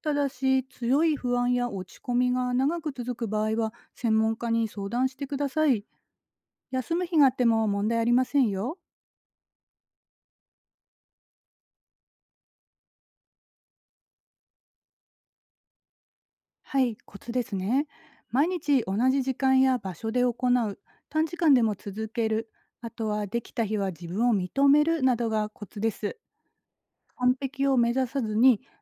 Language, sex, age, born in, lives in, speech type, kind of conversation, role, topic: Japanese, female, 40-44, Japan, Japan, read, expert-assistant, expert, 瞑想やマインドフルネスについて、信頼できる話や本はどこで見つかる？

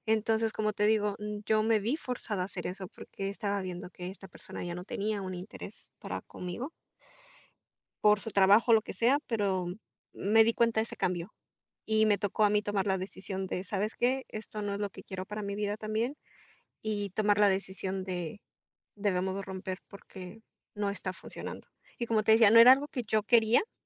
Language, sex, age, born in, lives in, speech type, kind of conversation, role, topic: Spanish, female, 25-29, Mexico, Mexico, conversation, unstructured, none, ¿Cómo aprendes de las experiencias difíciles?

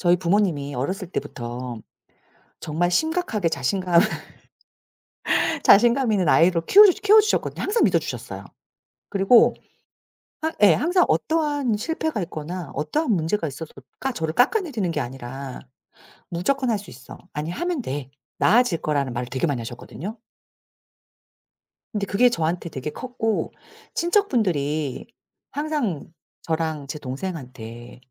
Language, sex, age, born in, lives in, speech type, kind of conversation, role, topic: Korean, female, 40-44, South Korea, South Korea, conversation, podcast, guest, 자신감을 어떻게 키우셨나요?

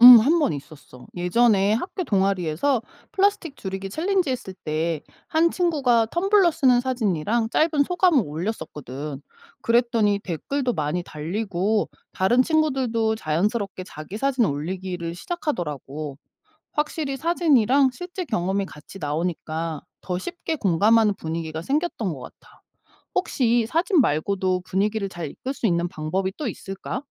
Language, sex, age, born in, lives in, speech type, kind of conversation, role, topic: Korean, female, 45-49, South Korea, United States, read, expert-assistant, asker, 사회운동에서 효과적으로 참여를 이끌어내는 방법은 무엇인가요?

